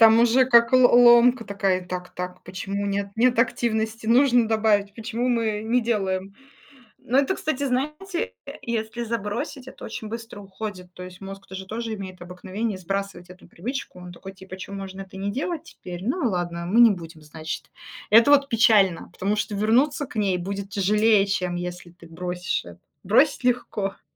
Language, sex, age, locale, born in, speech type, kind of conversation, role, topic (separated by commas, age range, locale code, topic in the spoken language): Russian, female, 35-39, ru-RU, Russia, conversation, unstructured, none, Как спорт помогает справляться со стрессом?